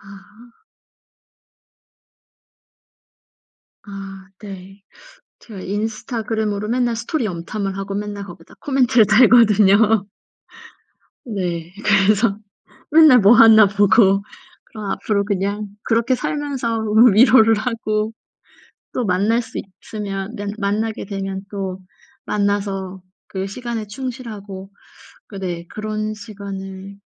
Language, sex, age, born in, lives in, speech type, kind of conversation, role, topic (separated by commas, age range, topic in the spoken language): Korean, female, 35-39, South Korea, Germany, conversation, advice, user, 친구와의 우정이 점점 멀어지는 것이 왜 이렇게 슬픈가요?